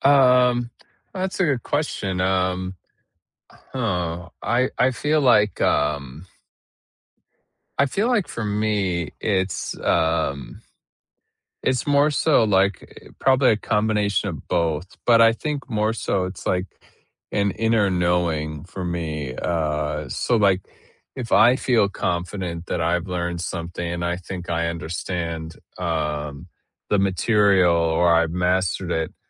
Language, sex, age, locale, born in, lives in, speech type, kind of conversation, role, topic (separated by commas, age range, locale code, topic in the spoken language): English, male, 30-34, en-US, United States, United States, conversation, unstructured, none, How do you prefer to learn—by listening, doing, or discussing?